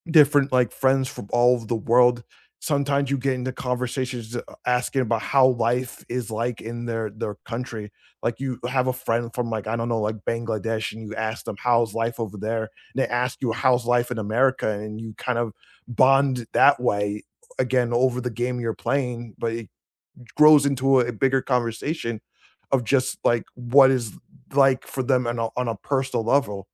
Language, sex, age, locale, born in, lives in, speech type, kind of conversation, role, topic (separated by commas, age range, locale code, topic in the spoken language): English, male, 35-39, en-US, United States, United States, conversation, unstructured, none, How do hobbies help you connect with other people?